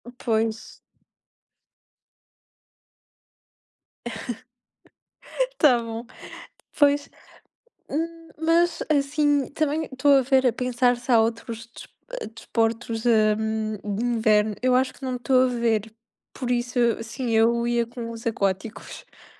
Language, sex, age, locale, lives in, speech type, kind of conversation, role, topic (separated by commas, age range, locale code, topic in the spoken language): Portuguese, female, 20-24, pt-PT, Portugal, conversation, unstructured, none, Você escolheria passar um dia praticando esportes aquáticos ou um dia praticando esportes de inverno?